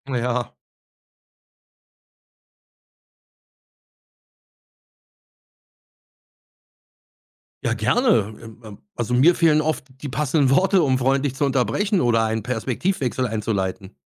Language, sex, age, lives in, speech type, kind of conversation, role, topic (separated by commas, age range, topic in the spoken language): German, male, 50-54, Germany, read, expert-assistant, asker, Wie könnte man typische Erziehungsregeln neu denken, um weniger Stress in der Familie zu haben?